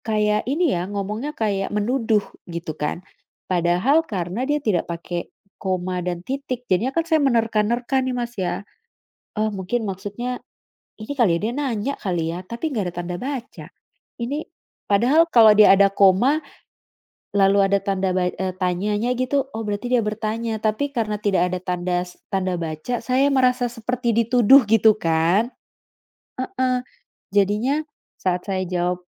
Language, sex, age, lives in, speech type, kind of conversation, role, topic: Indonesian, female, 35-39, Netherlands, conversation, unstructured, none, Bagaimana teknologi mengubah cara kita berkomunikasi?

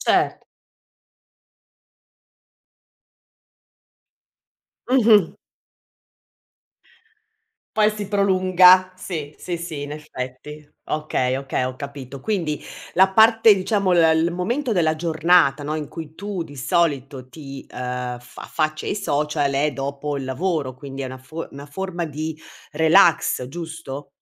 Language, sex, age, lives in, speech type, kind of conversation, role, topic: Italian, female, 55-59, Italy, conversation, podcast, host, Come fai a staccare davvero dai social media?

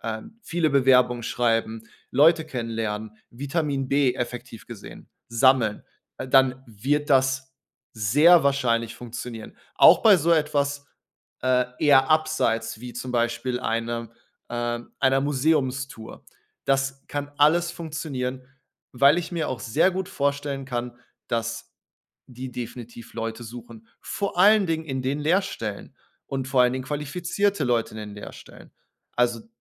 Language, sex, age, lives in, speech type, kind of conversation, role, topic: German, male, 30-34, Germany, conversation, advice, advisor, Wie erlebst du den Wechsel in eine neue Stelle, in der noch vieles unklar ist und neue Erwartungen an dich gestellt werden?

